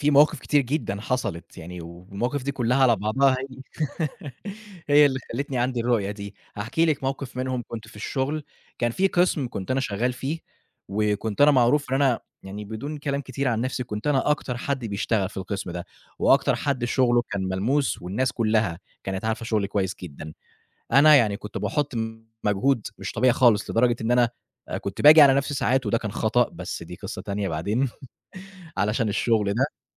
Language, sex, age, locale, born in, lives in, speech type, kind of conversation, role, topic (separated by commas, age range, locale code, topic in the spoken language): Arabic, male, 25-29, ar-EG, Egypt, Egypt, conversation, podcast, guest, إزاي توازن بين الفلوس ورضاك عن نفسك؟